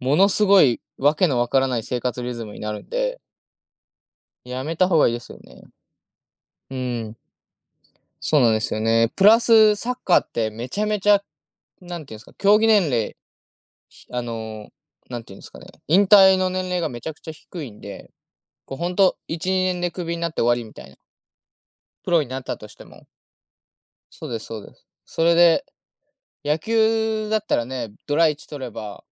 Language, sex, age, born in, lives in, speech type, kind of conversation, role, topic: Japanese, male, 20-24, Japan, Japan, conversation, unstructured, none, 好きなスポーツは何ですか？その理由は何ですか？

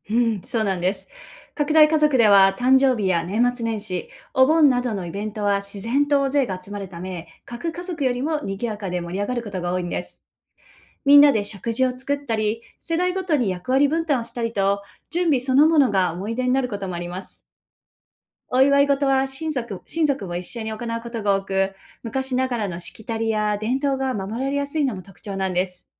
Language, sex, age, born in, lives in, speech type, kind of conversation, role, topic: Japanese, female, 40-44, Japan, United States, read, expert-assistant, expert, 拡大家族と核家族はどのように違いますか？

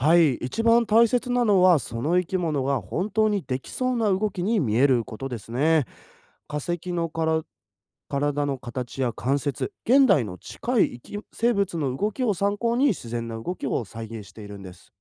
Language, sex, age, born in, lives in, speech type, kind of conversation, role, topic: Japanese, male, 20-24, Japan, Japan, read, expert-assistant, expert, 古生物展で最初に紹介するべき生き物は何ですか？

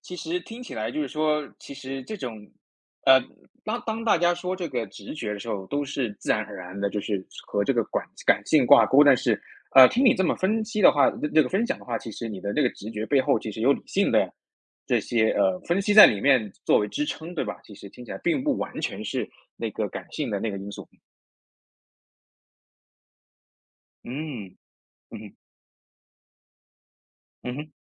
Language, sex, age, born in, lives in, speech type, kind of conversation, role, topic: Chinese, male, 30-34, China, United States, conversation, podcast, host, 直觉在做爱情选择时到底有多重要？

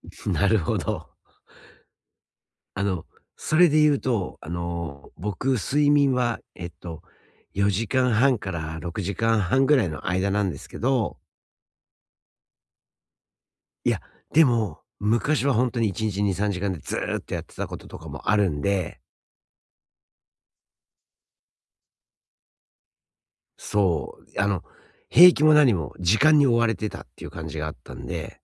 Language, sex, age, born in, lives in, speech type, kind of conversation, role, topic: Japanese, male, 45-49, Japan, United States, conversation, advice, user, お昼寝のあとにだるくならないためにはどうすればいいですか？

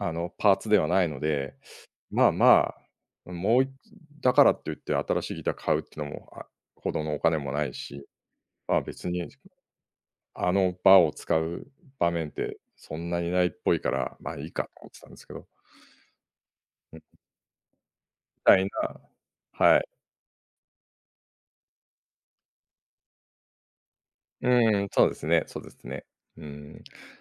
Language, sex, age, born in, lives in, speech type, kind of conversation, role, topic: Japanese, male, 50-54, Japan, Japan, conversation, podcast, guest, 自分の好きなことを見つけたきっかけは何ですか？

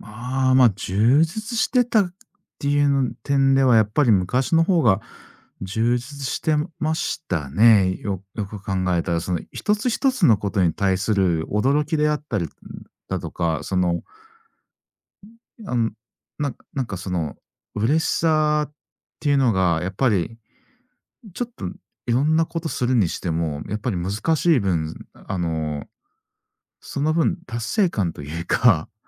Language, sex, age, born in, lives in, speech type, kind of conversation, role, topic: Japanese, male, 40-44, Japan, Japan, conversation, podcast, guest, スクリーン時間を減らすには、どんな工夫をすると効果的ですか？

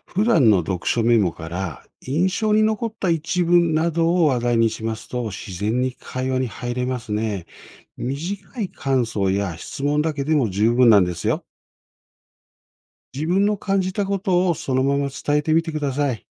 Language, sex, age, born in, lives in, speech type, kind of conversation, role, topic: Japanese, male, 55-59, Japan, Japan, read, expert-assistant, expert, 現代文学を読む習慣を身につけるには、どのような方法が効果的ですか？日々の忙しさの中で読書時間を確保するコツを教えてください。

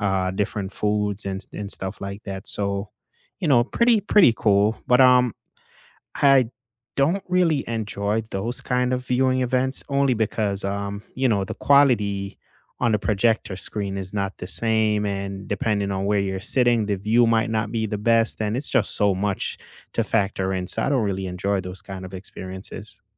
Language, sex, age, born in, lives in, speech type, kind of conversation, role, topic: English, male, 35-39, Jamaica, United States, conversation, unstructured, none, What does your ideal movie night setup look like, from snacks to screens to company?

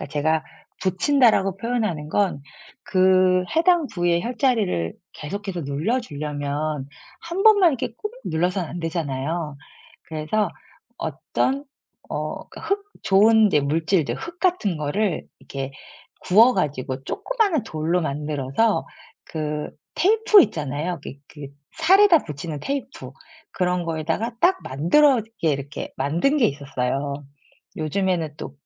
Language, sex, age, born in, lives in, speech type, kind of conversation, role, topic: Korean, female, 45-49, South Korea, France, conversation, podcast, guest, 배우면서 성취감을 가장 크게 느꼈던 순간은 언제였나요?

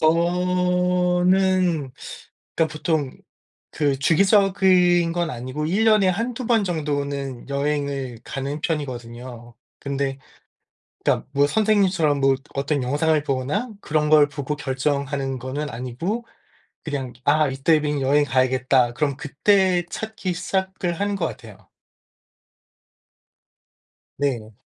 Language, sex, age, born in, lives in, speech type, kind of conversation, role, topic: Korean, male, 45-49, South Korea, United States, conversation, unstructured, none, 여행 계획을 바꿔야 할 때 어떻게 설득하나요?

